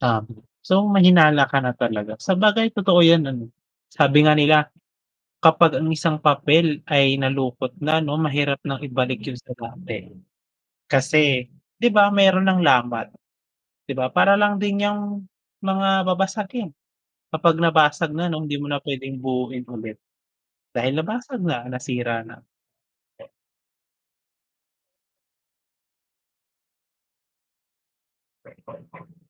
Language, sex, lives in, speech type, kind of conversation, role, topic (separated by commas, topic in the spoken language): Filipino, male, Philippines, conversation, unstructured, none, Ano ang ibig sabihin ng tiwala para sa’yo sa isang relasyon?